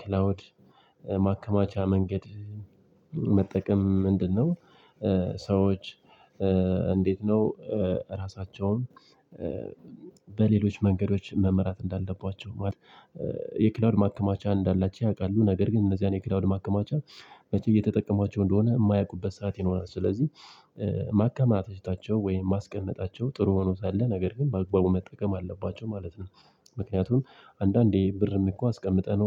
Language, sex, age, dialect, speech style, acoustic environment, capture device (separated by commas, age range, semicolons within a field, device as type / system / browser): Amharic, male, 25-34, Ethiopia - Addis Ababa, happy, nature, mobile / Linux / Chrome